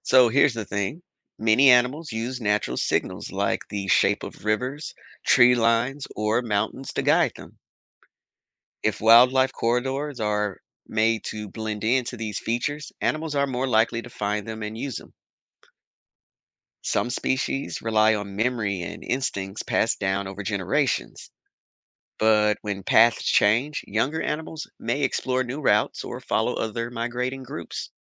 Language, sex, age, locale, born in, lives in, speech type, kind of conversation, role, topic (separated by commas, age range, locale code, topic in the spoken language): English, male, 35-39, en-US, United States, United States, read, expert-assistant, expert, How does climate change affect animal migration patterns?